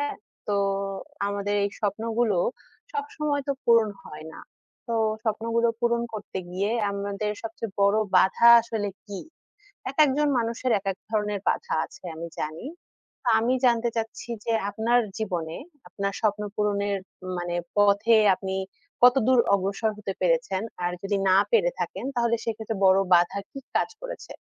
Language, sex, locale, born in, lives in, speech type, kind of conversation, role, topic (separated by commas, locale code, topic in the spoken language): Bengali, female, bn-BD, Bangladesh, Bangladesh, conversation, unstructured, none, তোমার স্বপ্ন পূরণের পথে সবচেয়ে বড় বাধা কী হতে পারে?